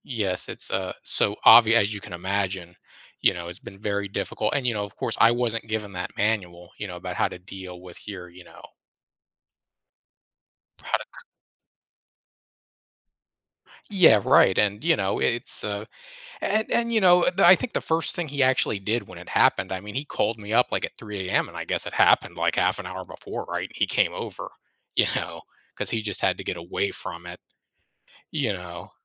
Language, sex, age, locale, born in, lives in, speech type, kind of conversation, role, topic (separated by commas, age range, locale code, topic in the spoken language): English, male, 35-39, en-US, United States, United States, conversation, advice, user, How can I repair things after a tense conversation with a friend?